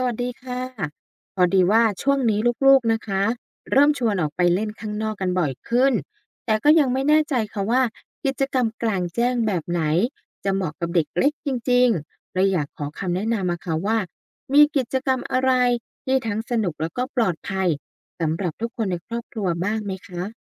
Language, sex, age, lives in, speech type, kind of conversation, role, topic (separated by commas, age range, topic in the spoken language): Thai, female, 35-39, Thailand, read, expert-assistant, asker, มีกิจกรรมกลางแจ้งอะไรที่เหมาะและปลอดภัยสำหรับเด็กเล็กบ้างไหม?